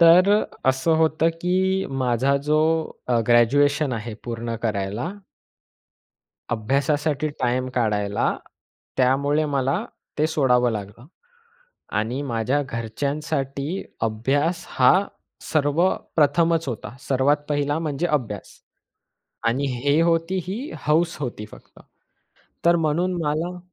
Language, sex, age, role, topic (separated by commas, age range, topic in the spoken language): Marathi, male, 20-24, guest, नवी हौस सुरू करण्यासाठी नेमकी कुठून आणि कशी सुरुवात करावी, यासाठी तुमच्या कोणत्या टिप्स आहेत?